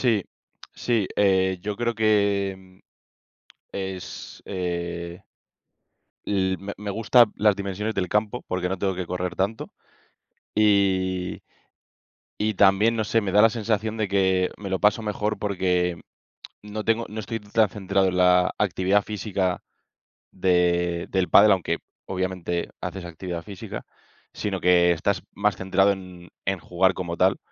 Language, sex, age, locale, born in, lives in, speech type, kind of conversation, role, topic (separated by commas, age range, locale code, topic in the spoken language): Spanish, male, 25-29, es-ES, Spain, Spain, conversation, unstructured, none, ¿Cuál es tu deporte favorito para mantenerte activo?